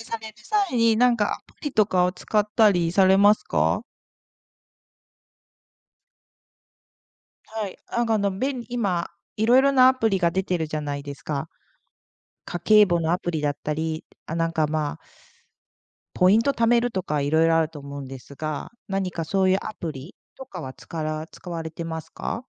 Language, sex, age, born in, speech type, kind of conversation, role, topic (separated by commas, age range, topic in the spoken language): Japanese, female, 40-44, Japan, conversation, podcast, host, お金をかけずに楽しむためのおすすめのアイデアはありますか？